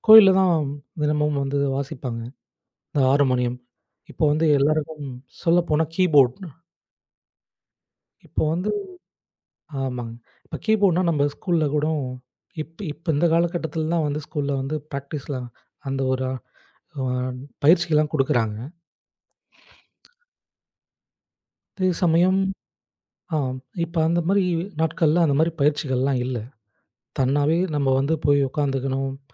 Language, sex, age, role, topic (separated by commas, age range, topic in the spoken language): Tamil, male, 25-29, guest, சுயமாக நீங்கள் கற்றுக்கொண்ட ஒரு திறமை பற்றி சொல்லலாமா?